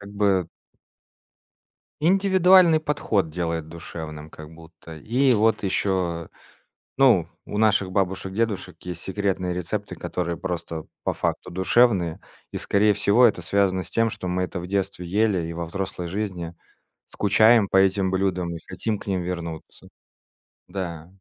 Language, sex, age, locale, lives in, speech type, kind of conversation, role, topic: Russian, male, 30-34, ru-RU, Estonia, conversation, podcast, guest, Какие простые приёмы делают блюдо более душевным?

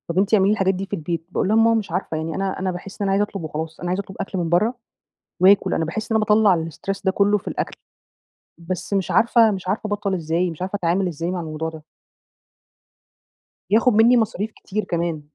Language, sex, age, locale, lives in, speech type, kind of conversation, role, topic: Arabic, female, 30-34, ar-EG, Egypt, conversation, advice, user, أتصرف إزاي لما ألاقي نفسي مُغرى بأكل عالي السعرات في الحفلات؟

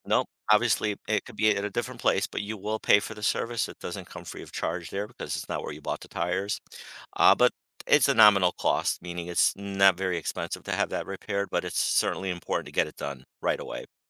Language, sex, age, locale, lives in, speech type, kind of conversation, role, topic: English, male, 55-59, en-US, United States, conversation, advice, advisor, How can I tell if my tires are unsafe and need replacing?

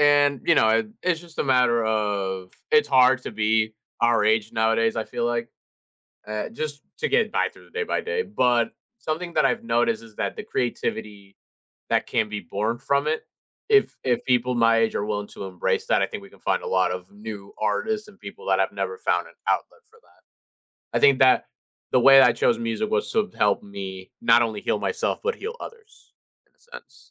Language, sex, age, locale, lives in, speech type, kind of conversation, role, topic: English, male, 20-24, en-US, United States, conversation, podcast, host, Can you describe a time when creativity surprised you?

